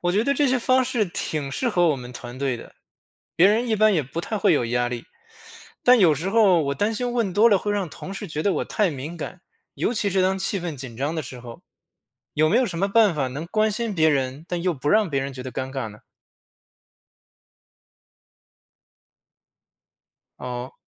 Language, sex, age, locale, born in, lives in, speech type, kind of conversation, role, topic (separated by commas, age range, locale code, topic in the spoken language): Chinese, male, 35-39, zh-CN, China, United States, read, expert-assistant, asker, 我是不是不太会察觉别人的情绪？怎么判断自己的情商出了问题？